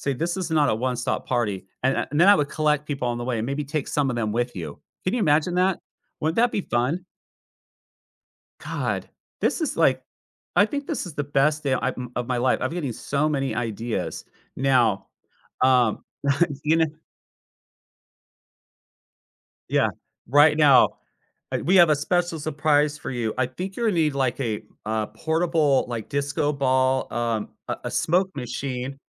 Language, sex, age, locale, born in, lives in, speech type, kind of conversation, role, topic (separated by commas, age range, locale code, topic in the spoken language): English, male, 45-49, en-US, United States, United States, conversation, advice, advisor, How can I recover gracefully after making a social faux pas at a family event?